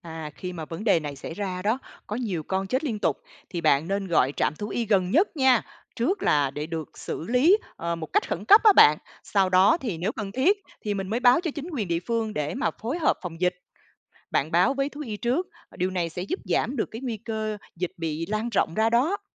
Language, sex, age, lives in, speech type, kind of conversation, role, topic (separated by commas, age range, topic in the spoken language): Vietnamese, female, 45-49, Vietnam, read, expert-assistant, expert, Làm sao để tìm hiểu nhanh và hiệu quả các phương pháp chăm sóc vật nuôi mới?